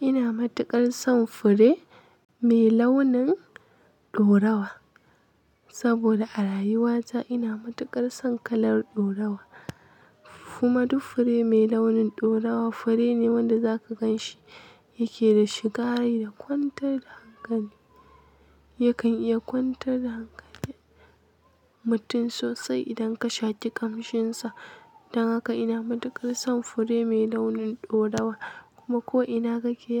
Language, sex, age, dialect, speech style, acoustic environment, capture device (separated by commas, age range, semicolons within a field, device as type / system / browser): Hausa, female, 18-24, Nigeria - Kano, happy, nature; people talking, mobile / Linux / Chrome